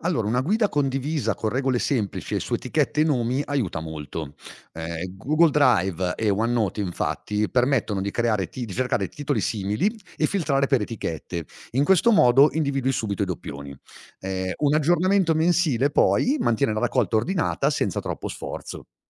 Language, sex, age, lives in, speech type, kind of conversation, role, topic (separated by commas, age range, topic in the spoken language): Italian, male, 40-44, Italy, read, expert-assistant, expert, Come posso tenere traccia delle tante notizie e dati sul clima senza sentirmi sopraffatto?